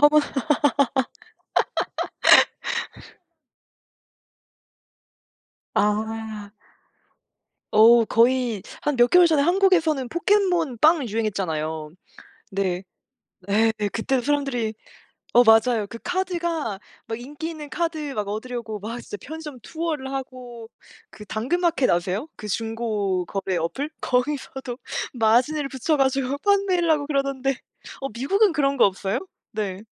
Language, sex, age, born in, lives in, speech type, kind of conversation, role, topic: Korean, female, 25-29, South Korea, France, conversation, unstructured, none, 가장 좋아하는 영화 장르는 무엇인가요?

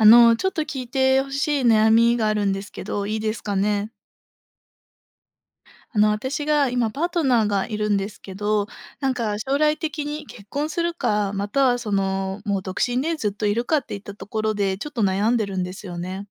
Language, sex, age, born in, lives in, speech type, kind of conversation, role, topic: Japanese, female, 25-29, Japan, Japan, conversation, advice, user, 結婚するか独身でいるかで悩んでいるのですが、どう考えればよいですか?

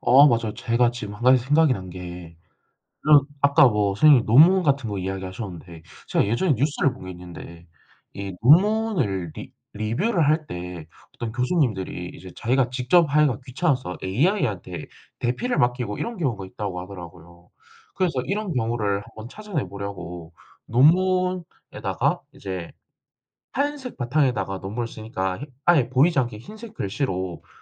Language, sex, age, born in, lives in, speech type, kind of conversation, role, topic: Korean, male, 18-19, South Korea, South Korea, conversation, unstructured, none, 최근 기술 발전이 우리 삶에 주는 장점과 단점은 무엇일까요?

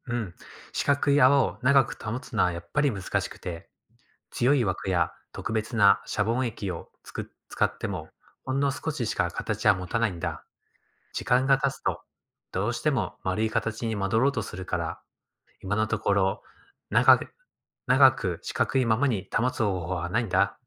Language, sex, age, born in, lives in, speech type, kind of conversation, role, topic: Japanese, male, 35-39, Japan, Japan, read, expert-assistant, expert, どうしてシャボン玉は丸い形になるのですか？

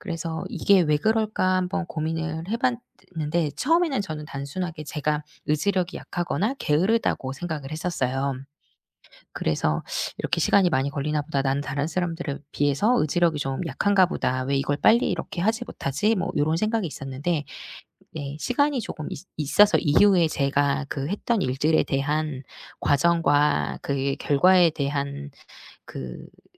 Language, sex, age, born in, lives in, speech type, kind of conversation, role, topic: Korean, female, 40-44, South Korea, South Korea, conversation, podcast, guest, 완벽주의에서 벗어나게 된 경험을 이야기해 주실 수 있나요?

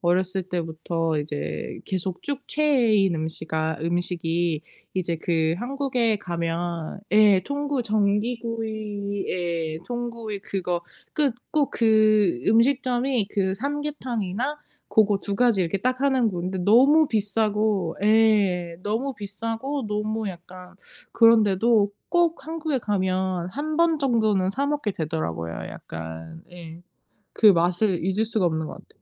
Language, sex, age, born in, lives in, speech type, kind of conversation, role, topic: Korean, female, 35-39, South Korea, United States, conversation, unstructured, none, 최근에 맛있게 먹은 음식이 있나요?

